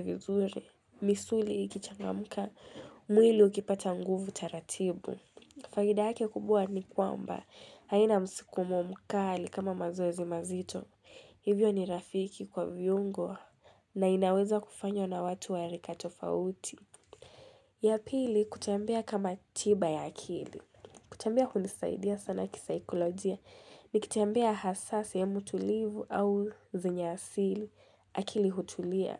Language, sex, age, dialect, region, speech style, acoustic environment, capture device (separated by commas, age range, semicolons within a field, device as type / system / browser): Swahili, female, 18-24, Kenya - Mombasa, Kenya, tired; relaxed; stressed, nature; silence, mobile / Linux / Chrome